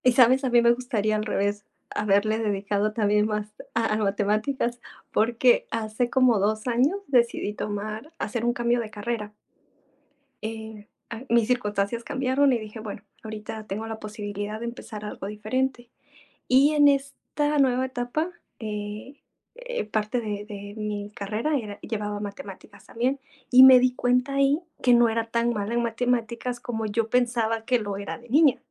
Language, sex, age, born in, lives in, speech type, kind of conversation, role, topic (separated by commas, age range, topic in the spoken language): Spanish, female, 25-29, Mexico, Mexico, conversation, unstructured, none, ¿Cuál fue tu materia favorita en la escuela y por qué?